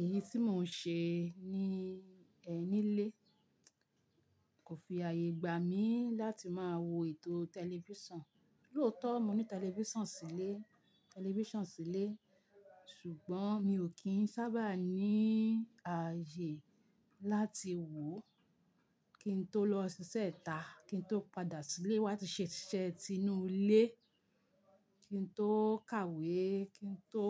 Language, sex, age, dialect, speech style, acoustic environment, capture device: Yoruba, female, 45-54, Nigeria - Ibadan, relaxed, people talking; silence, mobile / Linux / Chrome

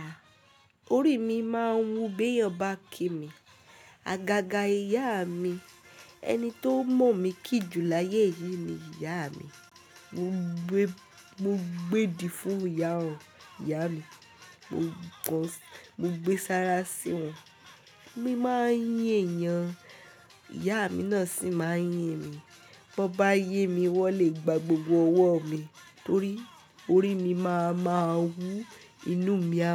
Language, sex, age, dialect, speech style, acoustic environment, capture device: Yoruba, female, 18-24, Nigeria - Ibadan, focused, silence; people talking; nature, mobile / Linux / Chrome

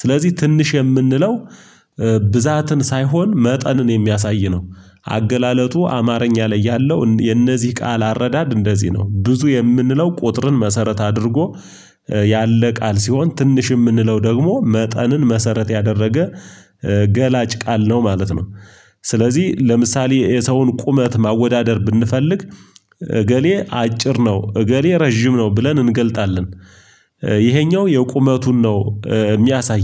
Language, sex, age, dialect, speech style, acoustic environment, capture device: Amharic, male, 35-44, Ethiopia - Gojjam, happy, silence; appliances, mobile / Linux / Chrome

